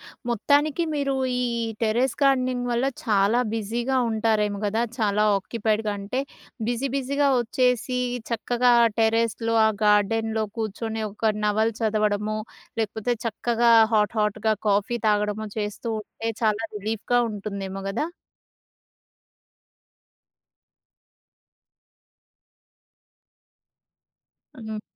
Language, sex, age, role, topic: Telugu, female, 30-34, host, తోటపనులు చేయడం వల్ల మన ఒత్తిడి ఎలా తగ్గుతుంది?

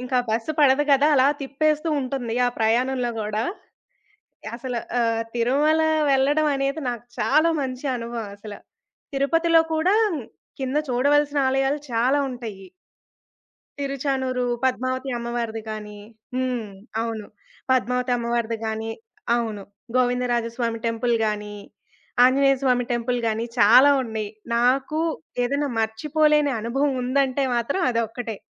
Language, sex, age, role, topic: Telugu, female, 20-24, guest, మీ జీవితంలో మీకు మర్చిపోలేని ప్రయాణ అనుభవం ఏది?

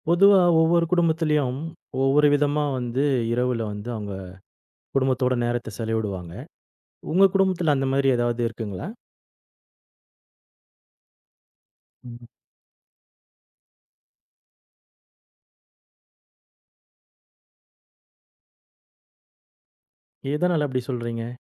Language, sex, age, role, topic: Tamil, male, 40-44, host, இரவில் குடும்பத்துடன் நேரம் செலவிட நீங்கள் வழக்கமாக என்ன செய்வீர்கள்?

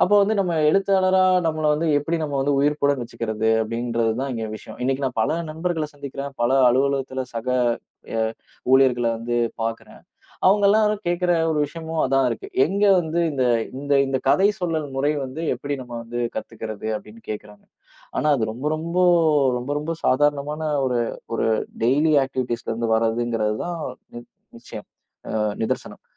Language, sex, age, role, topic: Tamil, male, 30-34, guest, உங்கள் படைப்பாற்றலுக்கான தினசரி வழக்கம் எப்படி இருக்கிறது?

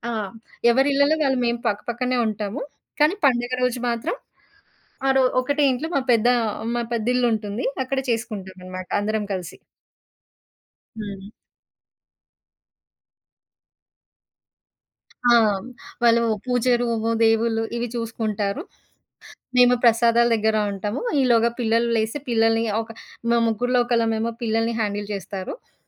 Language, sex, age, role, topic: Telugu, female, 30-34, guest, మీ ఇంట్లో పండుగలను ఉత్సాహంగా, అందరూ భాగస్వాములయ్యేలా ఎలా జరుపుకుంటారు?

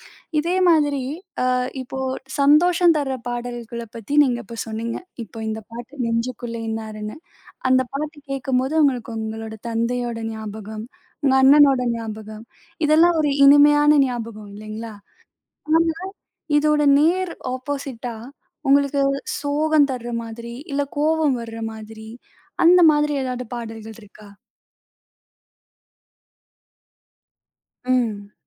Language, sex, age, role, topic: Tamil, female, 20-24, host, நீங்கள் ஏன் ஒரே பாடலையே மீண்டும் மீண்டும் கேட்கிறீர்கள்?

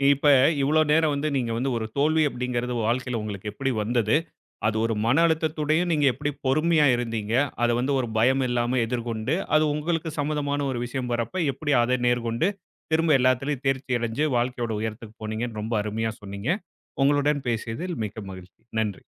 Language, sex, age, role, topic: Tamil, male, 35-39, host, தோல்வி வந்தால் அதை நீங்கள் எப்படிக் கையாளுகிறீர்கள்?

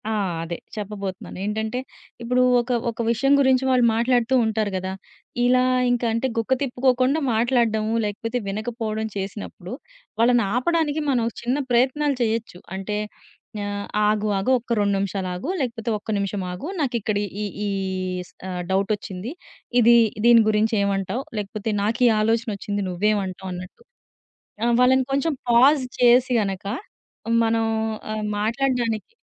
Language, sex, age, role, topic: Telugu, female, 30-34, guest, మంచి శ్రోతగా మారడానికి మీ చిట్కాలు ఏమిటి?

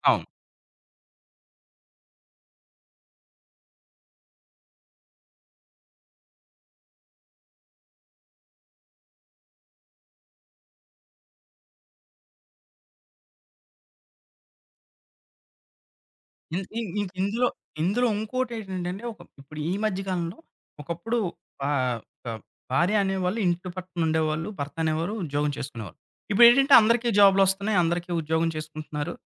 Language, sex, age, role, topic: Telugu, male, 30-34, host, సంస్కృతి మారుతున్నప్పటికీ విలువలను ఎలా పరిరక్షిస్తారు?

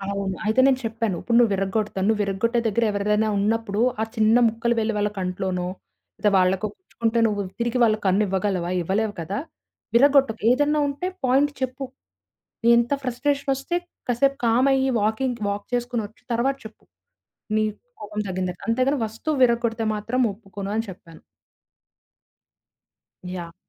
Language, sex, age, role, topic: Telugu, female, 20-24, guest, వెంటనే కోపం వచ్చినప్పుడు మీరు దానిని ఎలా నియంత్రిస్తారు?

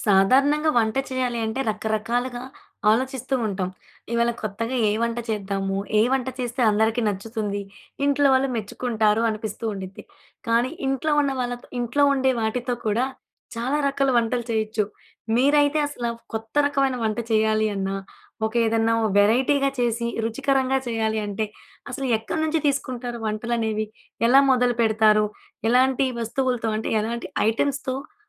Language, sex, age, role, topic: Telugu, female, 20-24, host, వంటలో మీకు కొత్త ఆలోచనలు ఎక్కడి నుంచి వస్తాయి?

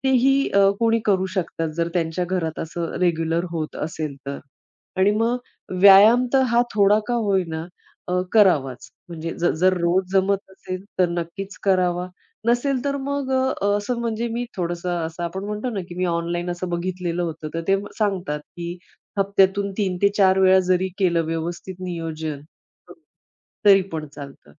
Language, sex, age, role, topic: Marathi, female, 40-44, guest, तुम्ही मानसिक आणि शारीरिक संतुलन कसं साधता?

